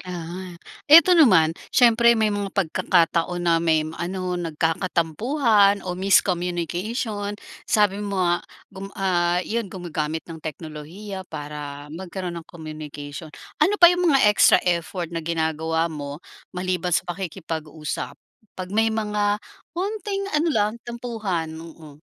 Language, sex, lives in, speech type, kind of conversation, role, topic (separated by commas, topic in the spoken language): Filipino, female, Philippines, conversation, podcast, host, Ano ang ginagawa ninyo para manatiling malapit sa isa’t isa kahit magkakalayo?